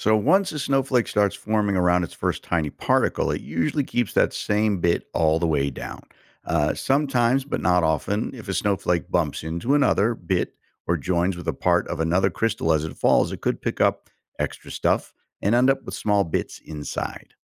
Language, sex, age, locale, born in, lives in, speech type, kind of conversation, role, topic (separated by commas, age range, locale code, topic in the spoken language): English, male, 55-59, en-US, United States, United States, read, expert-assistant, expert, How does a snowflake get its unique shape as it forms in the clouds?